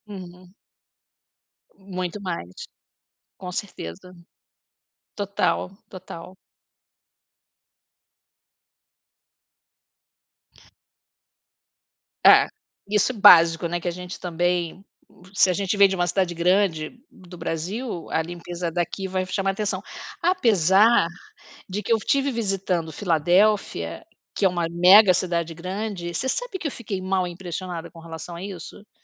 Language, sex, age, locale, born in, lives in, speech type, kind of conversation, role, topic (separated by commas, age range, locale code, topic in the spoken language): Portuguese, female, 60-64, pt-BR, Brazil, United States, conversation, unstructured, none, O que te deixa feliz ao ver uma cidade bem cuidada?